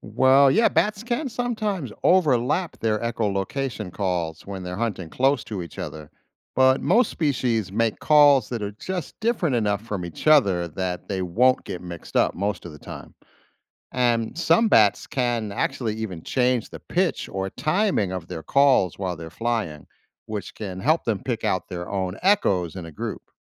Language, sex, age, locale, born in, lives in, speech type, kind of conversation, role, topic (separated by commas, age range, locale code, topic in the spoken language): English, male, 50-54, en-US, United States, United States, read, expert-assistant, expert, How do bats locate insects in the dark?